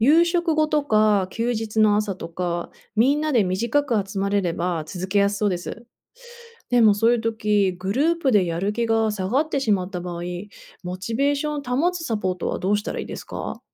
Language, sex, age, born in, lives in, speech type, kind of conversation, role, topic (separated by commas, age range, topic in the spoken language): Japanese, female, 35-39, Japan, United States, read, expert-assistant, asker, 瞑想や祈りなどのスピリチュアルな実践を日常生活に取り入れる際、効果的に続けるためのコツや発展方法はありますか？